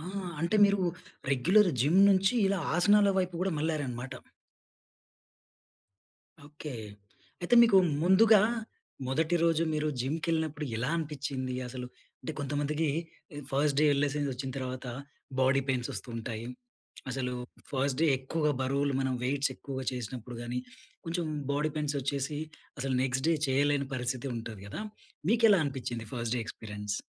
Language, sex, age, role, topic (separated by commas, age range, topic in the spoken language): Telugu, male, 30-34, host, వ్యాయామం వల్ల మీ మనసులో వచ్చిన మార్పుల గురించి మీ అనుభవాన్ని పంచుకోగలరా?